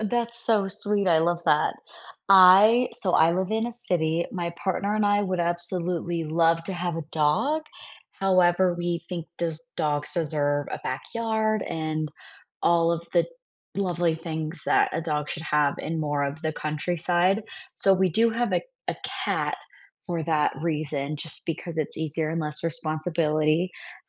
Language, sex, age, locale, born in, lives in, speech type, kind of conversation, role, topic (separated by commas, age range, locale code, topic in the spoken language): English, female, 25-29, en-US, United States, United States, conversation, unstructured, none, What made you smile recently?